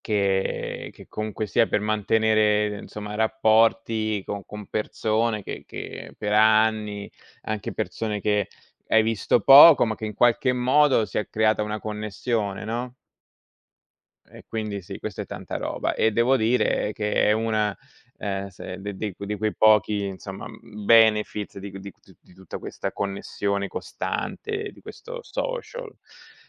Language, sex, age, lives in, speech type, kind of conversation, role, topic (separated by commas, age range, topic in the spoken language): Italian, male, 40-44, Italy, conversation, unstructured, none, In che modo la tecnologia ti aiuta a restare in contatto con gli altri?